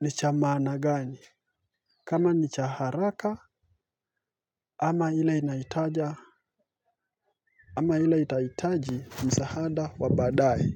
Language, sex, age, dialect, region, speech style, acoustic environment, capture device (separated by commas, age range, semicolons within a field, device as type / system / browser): Swahili, male, 25-34, Kenya - Mombasa, Kenya, focused, nature, mobile / Linux / Chrome